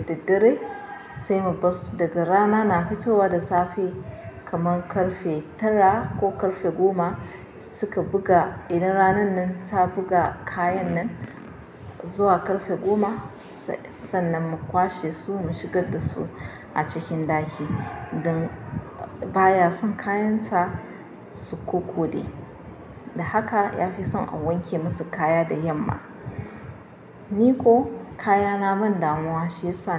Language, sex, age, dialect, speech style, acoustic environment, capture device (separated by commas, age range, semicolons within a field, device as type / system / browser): Hausa, female, 25-34, Nigeria - Nigerian Standard, relaxed, nature, mobile / Linux / Chrome